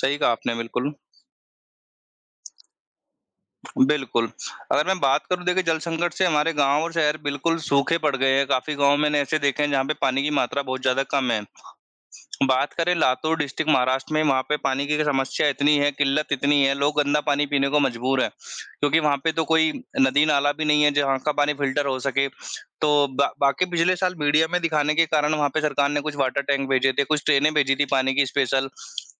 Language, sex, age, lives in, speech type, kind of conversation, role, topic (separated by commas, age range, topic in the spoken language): Hindi, male, 25-29, India, conversation, unstructured, none, जल संरक्षण आजकल इतना जरूरी क्यों हो गया है?